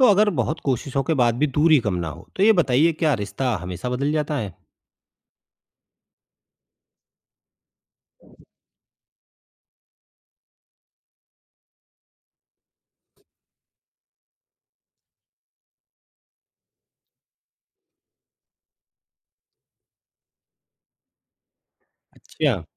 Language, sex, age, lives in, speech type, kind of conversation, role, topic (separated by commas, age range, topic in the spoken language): Hindi, male, 35-39, India, read, expert-assistant, asker, लोगों के बीच झगड़े अक्सर क्यों बढ़ जाते हैं, जबकि शुरुआत में वे छोटी-सी बात से शुरू होते हैं?